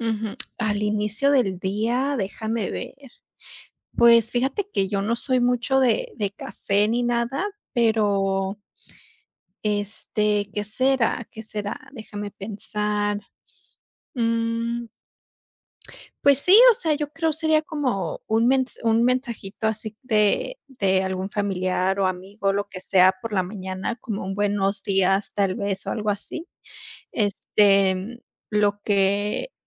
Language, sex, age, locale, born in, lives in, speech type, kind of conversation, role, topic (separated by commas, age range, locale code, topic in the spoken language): Spanish, female, 30-34, es-US, United States, United States, conversation, unstructured, none, ¿Qué es lo que te hace sonreír en un día normal?